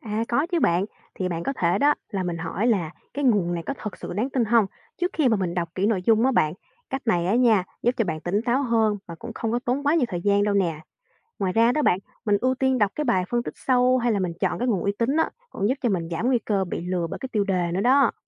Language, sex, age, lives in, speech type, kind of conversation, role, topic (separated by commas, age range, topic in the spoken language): Vietnamese, female, 20-24, Vietnam, read, expert-assistant, expert, Có phải thật sự các thuật toán của nền tảng số như Facebook, TikTok đang tạo ra 'bong bóng thông tin' khiến chúng ta ít tiếp cận quan điểm trái chiều?